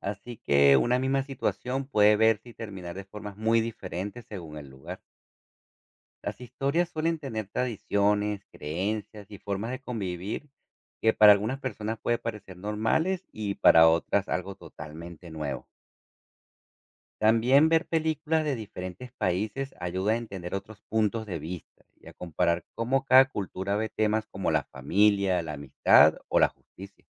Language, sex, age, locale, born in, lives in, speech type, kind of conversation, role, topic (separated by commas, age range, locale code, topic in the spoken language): Spanish, male, 40-44, es-CO, Venezuela, United States, read, expert-assistant, expert, ¿De qué forma el cine puede promover valores positivos en la sociedad?